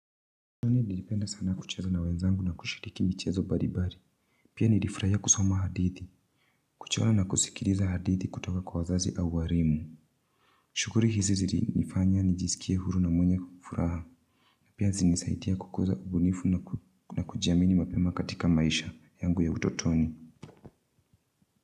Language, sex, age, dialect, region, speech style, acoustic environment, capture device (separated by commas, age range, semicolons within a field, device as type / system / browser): Swahili, female, 25-34, Kenya - Nairobi Sheng-influenced, Kenya, relaxed, silence, mobile / Linux / Chrome